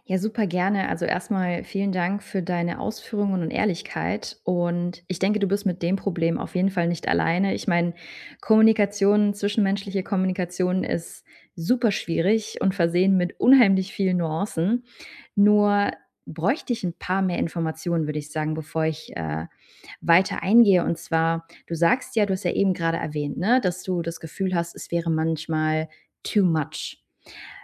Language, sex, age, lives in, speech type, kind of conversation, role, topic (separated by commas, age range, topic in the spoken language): German, female, 30-34, Germany, conversation, advice, advisor, Wie ehrlich sollte ich in Konflikten über meine Werte sprechen?